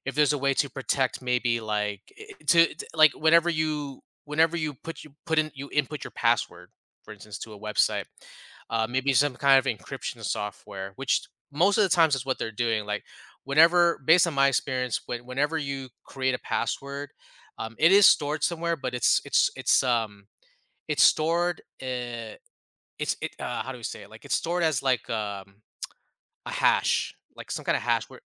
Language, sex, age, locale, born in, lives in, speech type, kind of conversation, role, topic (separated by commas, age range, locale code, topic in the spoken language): English, male, 35-39, en-US, United States, United States, conversation, podcast, guest, How has modern technology changed the way we think about and protect our personal privacy?